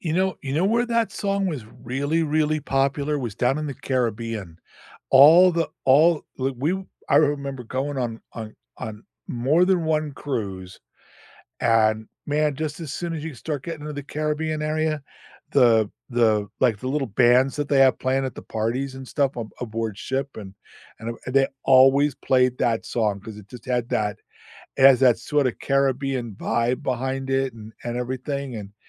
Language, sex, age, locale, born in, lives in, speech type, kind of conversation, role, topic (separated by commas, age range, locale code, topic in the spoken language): English, male, 65-69, en-US, United States, United States, conversation, unstructured, none, Which song instantly transports you back to a vivid memory, and what's the story it unlocks?